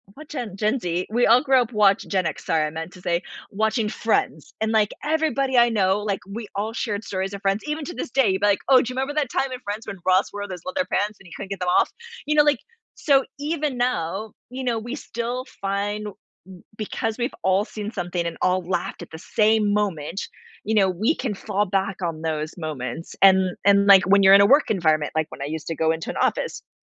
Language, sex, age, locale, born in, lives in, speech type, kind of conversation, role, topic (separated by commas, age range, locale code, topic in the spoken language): English, female, 40-44, en-US, United States, United States, conversation, podcast, guest, In what ways do movies influence how we see ourselves and motivate us to grow?